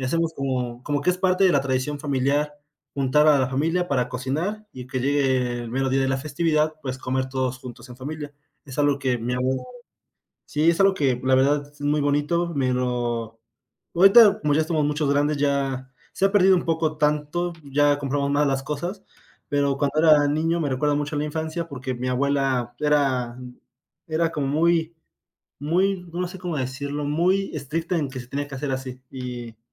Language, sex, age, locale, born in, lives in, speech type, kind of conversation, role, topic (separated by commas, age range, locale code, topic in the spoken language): Spanish, male, 20-24, es-MX, Mexico, Mexico, conversation, podcast, guest, ¿Qué platos te recuerdan a tu infancia y por qué?